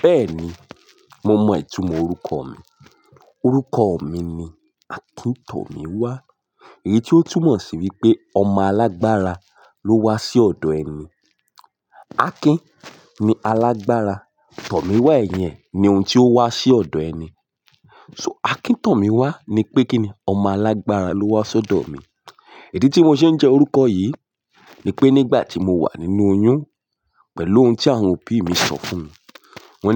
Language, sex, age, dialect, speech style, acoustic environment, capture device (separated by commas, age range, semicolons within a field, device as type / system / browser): Yoruba, male, 18-24, Nigeria - Ibadan, nervous; focused, silence, mobile / Linux / Chrome